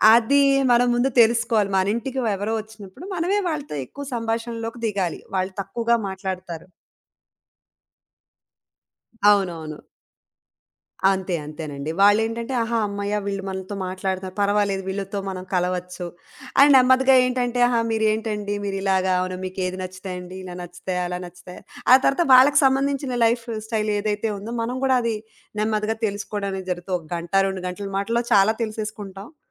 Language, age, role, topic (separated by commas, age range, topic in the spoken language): Telugu, 35-39, guest, మీ ఇంటికి వచ్చిన అతిథులను మీరు సాధారణంగా ఎలా ఆదరిస్తారు?